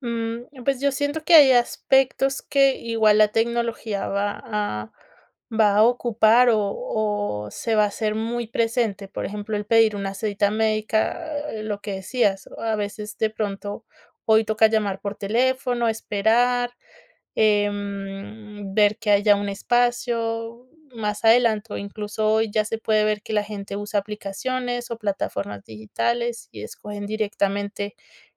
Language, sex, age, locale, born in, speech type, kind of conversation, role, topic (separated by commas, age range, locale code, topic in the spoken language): Spanish, female, 30-34, es-CO, Colombia, conversation, podcast, guest, ¿Cómo cambiará la salud en el día a día con la tecnología y la telemedicina?